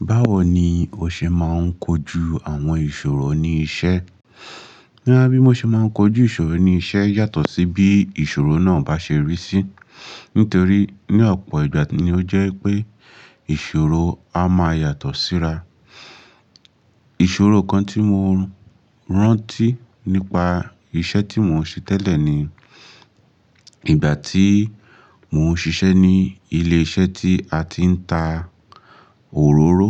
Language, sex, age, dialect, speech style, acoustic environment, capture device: Yoruba, male, 25-34, Nigeria - Lagos Yoruba, relaxed; focused, nature; appliances, mobile / Linux / Chrome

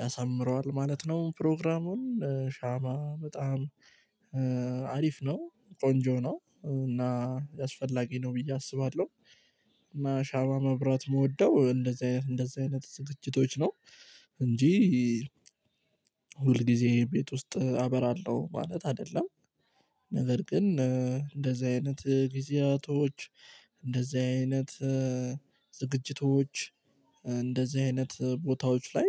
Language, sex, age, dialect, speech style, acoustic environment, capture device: Amharic, male, 18-24, Ethiopia - Addis Ababa, excited, silence, mobile / Linux / Chrome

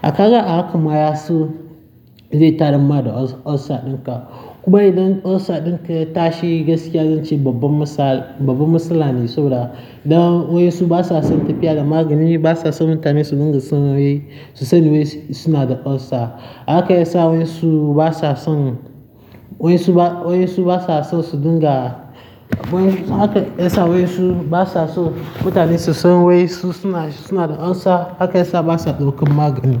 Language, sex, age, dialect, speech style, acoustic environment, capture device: Hausa, male, 18-24, Nigeria - Nigerian Standard, happy; nervous; tired, people talking; traffic; construction, mobile / Linux / Chrome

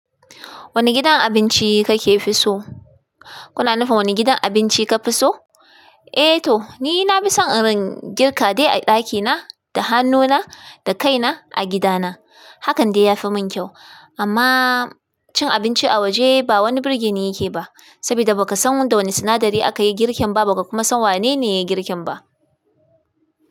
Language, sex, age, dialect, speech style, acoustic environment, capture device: Hausa, female, 25-34, Nigeria - Kano, tired; excited, music; appliances, desktop / Linux / Chrome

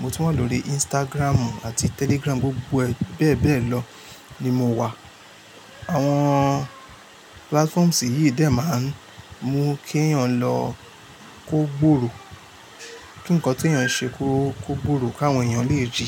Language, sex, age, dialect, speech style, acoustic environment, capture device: Yoruba, male, 25-34, Nigeria - Lagos Yoruba, tired, appliances, mobile / Linux / Chrome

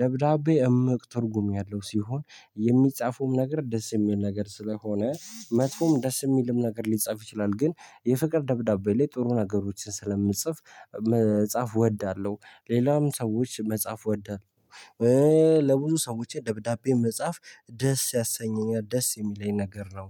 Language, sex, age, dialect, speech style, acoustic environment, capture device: Amharic, male, 18-24, Ethiopia - Addis Ababa, happy, people talking, mobile / Linux / Chrome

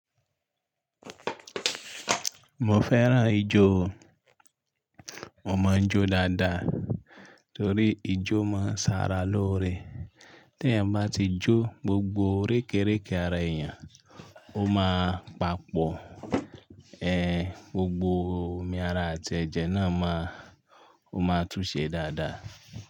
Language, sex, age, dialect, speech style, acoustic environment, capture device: Yoruba, male, 35-44, Nigeria - Ibadan, relaxed, nature, mobile / Linux / Chrome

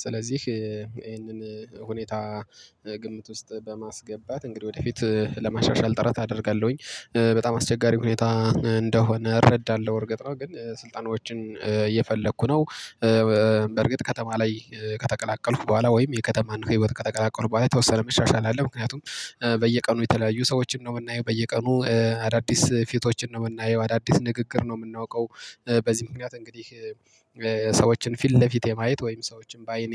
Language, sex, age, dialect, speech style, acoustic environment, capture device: Amharic, male, 25-34, Ethiopia - Gojjam, excited, construction, mobile / Linux / Chrome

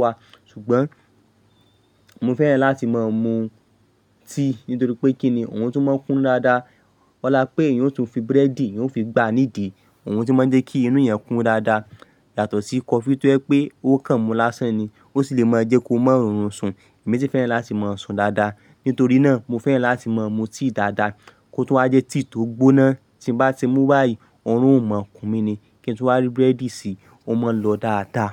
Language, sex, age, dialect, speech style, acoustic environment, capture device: Yoruba, male, 25-34, Nigeria - Ibadan, focused, nature, mobile / Linux / Chrome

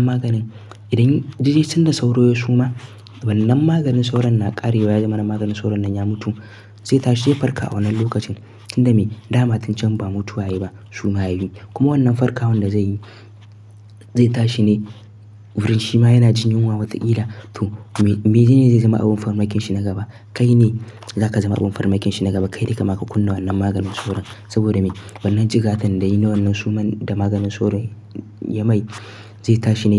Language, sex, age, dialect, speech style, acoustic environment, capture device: Hausa, male, 18-24, Nigeria - Nigerian Standard, happy; focused, nature, mobile / Linux / Chrome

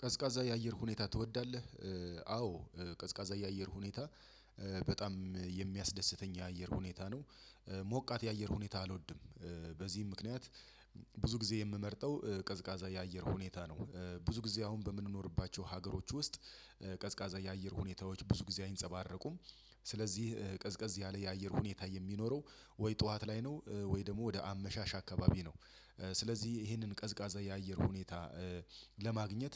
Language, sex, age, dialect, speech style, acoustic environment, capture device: Amharic, male, 35-44, Ethiopia - Addis Ababa, excited; relaxed, silence; nature, desktop / Windows / Chrome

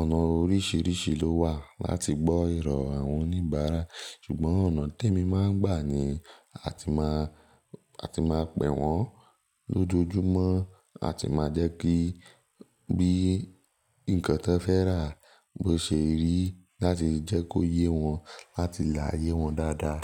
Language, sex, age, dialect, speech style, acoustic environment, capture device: Yoruba, male, 18-24, Nigeria - Nigerian Standard, relaxed; focused, nature, mobile / Linux / Chrome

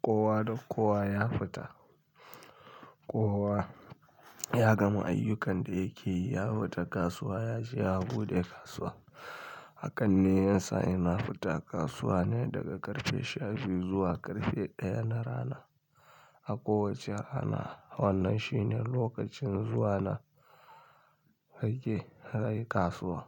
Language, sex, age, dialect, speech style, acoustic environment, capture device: Hausa, male, 25-34, Nigeria - Kano, happy; anxious; tired; relaxed; stressed; focused; excited; nervous, people talking; music; nature; silence; traffic; construction; appliances, mobile / Linux / Chrome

